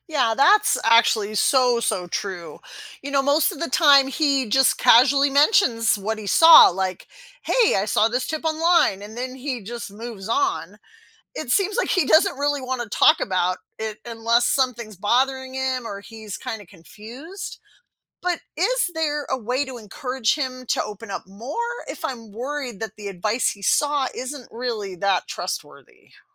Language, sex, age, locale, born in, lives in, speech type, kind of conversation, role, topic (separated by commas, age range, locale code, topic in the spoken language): English, female, 50-54, en-US, United States, United States, read, expert-assistant, asker, What are the best ways to tell if online advice about mental health is trustworthy?